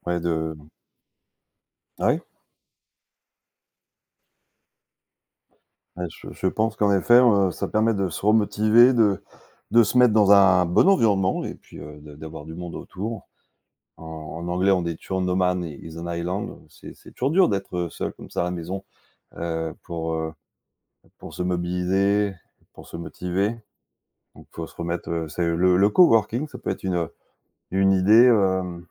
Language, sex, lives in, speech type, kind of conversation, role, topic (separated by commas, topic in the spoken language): French, male, France, conversation, advice, advisor, Comment décririez-vous votre procrastination sur un projet important dont la date limite approche ?